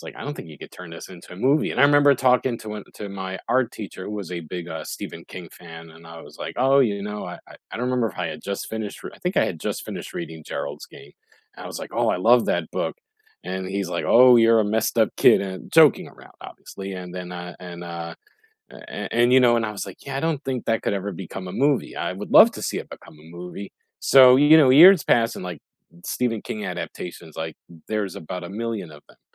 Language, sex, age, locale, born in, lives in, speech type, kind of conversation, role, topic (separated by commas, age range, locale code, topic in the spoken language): English, male, 45-49, en-US, United States, United States, conversation, unstructured, none, Which book-to-screen adaptation surprised you, for better or worse?